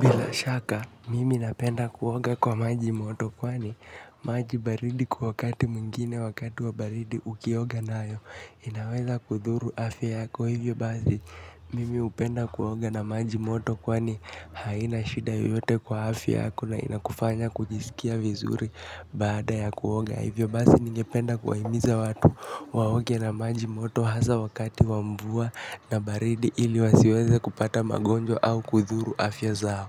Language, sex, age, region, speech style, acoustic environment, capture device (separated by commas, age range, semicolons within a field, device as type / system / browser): Swahili, male, 18-24, Kenya, relaxed, nature, mobile / Linux / Chrome